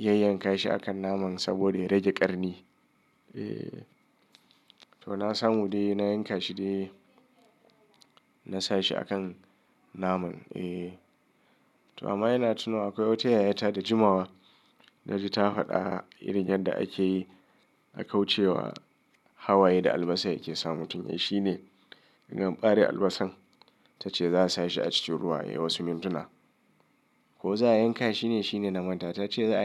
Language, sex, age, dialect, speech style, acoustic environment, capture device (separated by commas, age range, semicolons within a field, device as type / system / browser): Hausa, male, 35-44, Nigeria - Kano, anxious; nervous; excited; stressed, nature; music, mobile / Linux / Chrome